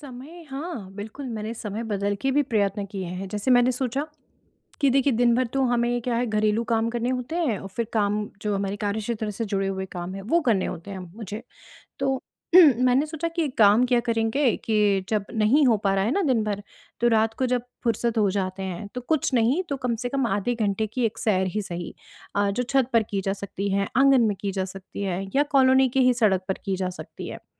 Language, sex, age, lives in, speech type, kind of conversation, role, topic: Hindi, female, 35-39, India, conversation, advice, user, मनोबल गिरने पर टिके रहना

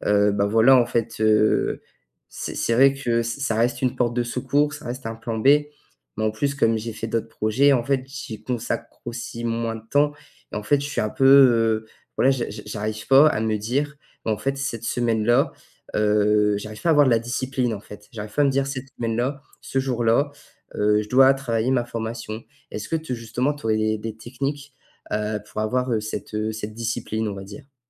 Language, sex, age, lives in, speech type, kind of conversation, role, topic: French, male, 18-19, France, conversation, advice, user, Comment puis-je retrouver la motivation pour un objectif de longue durée quand mes progrès sont lents ?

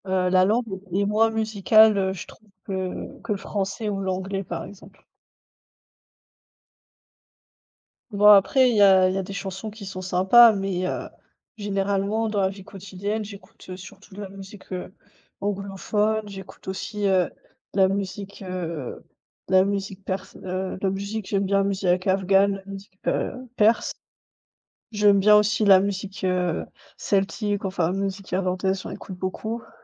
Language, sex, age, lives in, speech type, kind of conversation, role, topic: French, female, 30-34, Germany, conversation, unstructured, none, Préféreriez-vous vivre sans musique ou sans films pour le reste de votre vie ?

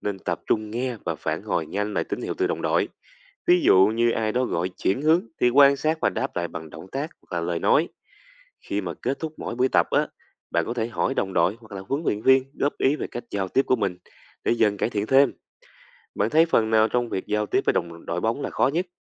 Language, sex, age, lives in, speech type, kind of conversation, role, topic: Vietnamese, male, 20-24, Vietnam, read, expert-assistant, expert, Những lợi ích của việc tham gia các hoạt động ngoại khóa là gì?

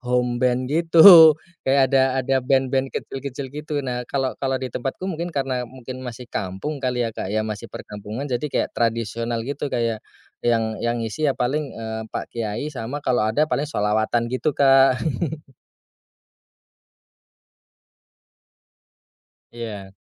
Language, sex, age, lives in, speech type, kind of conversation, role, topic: Indonesian, male, 30-34, Indonesia, conversation, podcast, guest, Bagaimana pengalamanmu merayakan tradisi lama di tempat baru?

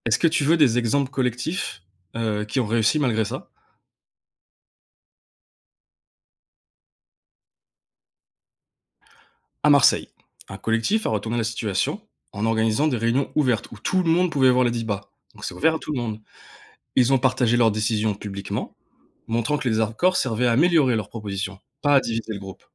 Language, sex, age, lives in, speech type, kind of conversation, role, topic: French, male, 20-24, France, read, expert-assistant, expert, Comment savoir si les informations sur un nouveau projet urbain sont fiables ou juste du marketing ?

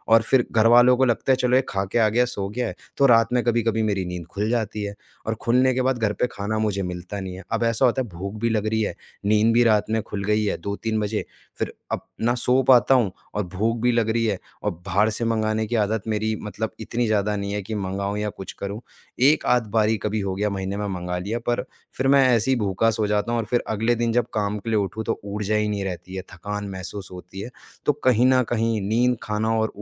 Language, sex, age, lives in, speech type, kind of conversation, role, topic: Hindi, male, 25-29, India, conversation, advice, user, लगातार काम करने से आपको बर्नआउट और उत्साह की कमी क्यों महसूस हो रही है?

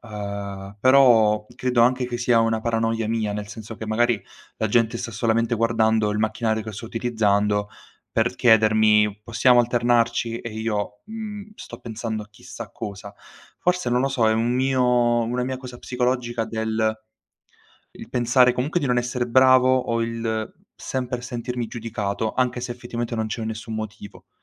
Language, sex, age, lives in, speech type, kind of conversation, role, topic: Italian, male, 18-19, Italy, conversation, advice, user, Quando ti senti più imbarazzato o giudicato in palestra?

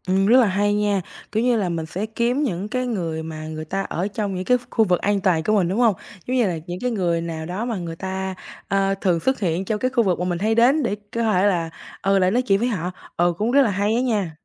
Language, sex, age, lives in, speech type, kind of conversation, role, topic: Vietnamese, female, 18-19, Vietnam, conversation, advice, user, Làm sao để kết bạn mới sau khi chuyển nhà hoặc đổi công việc?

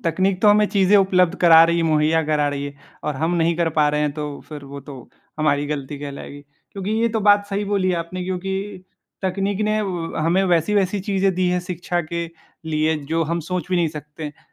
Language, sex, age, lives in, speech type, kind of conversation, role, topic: Hindi, male, 18-19, India, conversation, unstructured, none, क्या तकनीक ने शिक्षा को सभी के लिए अधिक आसान और सुलभ बनाया है?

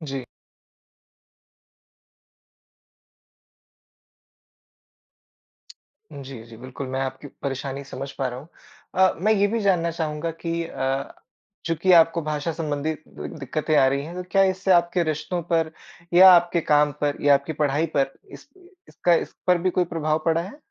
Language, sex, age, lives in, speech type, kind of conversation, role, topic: Hindi, male, 25-29, India, conversation, advice, advisor, नई जगह पर परिवार में भाषा की बाधाएँ और आपसी बातचीत की दिक्कतें कैसे संभालें?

